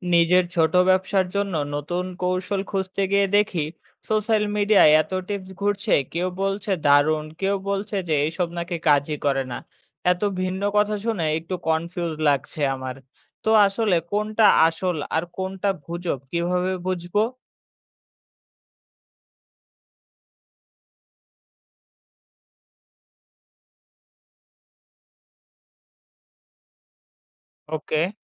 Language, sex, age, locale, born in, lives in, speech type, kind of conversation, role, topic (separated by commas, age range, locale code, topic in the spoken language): Bengali, male, 25-29, bn-BD, Bangladesh, Bangladesh, read, expert-assistant, asker, নতুন কোনো ব্যবসায়িক উপায় নিয়ে সোশ্যাল মিডিয়ায় যা বলা হচ্ছে, তার মধ্যে আসল আর গুজব আলাদা করবেন কীভাবে?